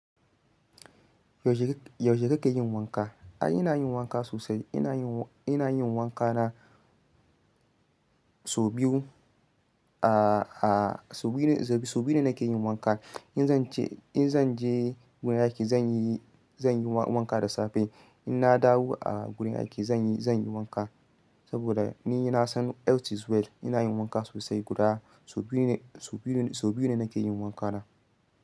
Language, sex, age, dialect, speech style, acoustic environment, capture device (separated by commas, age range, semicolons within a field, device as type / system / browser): Hausa, male, 25-34, Nigeria - Ibadan, excited, nature, mobile / Linux / Chrome